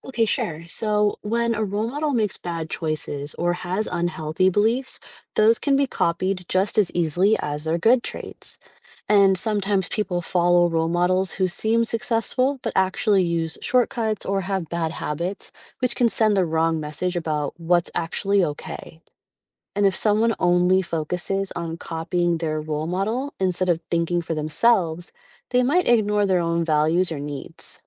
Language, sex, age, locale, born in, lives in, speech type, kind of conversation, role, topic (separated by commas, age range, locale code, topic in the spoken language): English, female, 35-39, en-US, United States, United States, read, expert-assistant, expert, How do role models influence personal development?